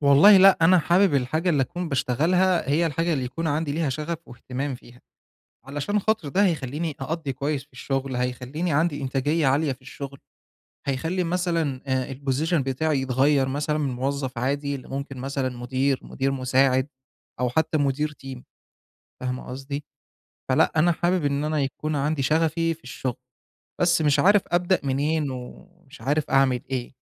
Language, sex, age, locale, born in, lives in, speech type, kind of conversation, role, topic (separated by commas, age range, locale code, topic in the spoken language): Arabic, male, 25-29, ar-EG, Egypt, Egypt, conversation, advice, user, إزاي أكتشف شغفي واهتماماتي وأنا مش عارف أبدأ منين؟